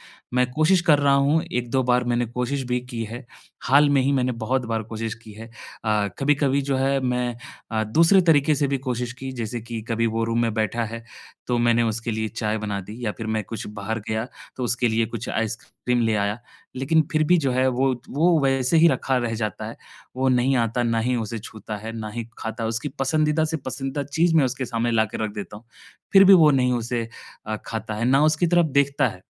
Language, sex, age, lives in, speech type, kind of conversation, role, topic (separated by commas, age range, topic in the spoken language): Hindi, male, 25-29, India, conversation, advice, user, संवाद कौशल और संघर्ष समाधान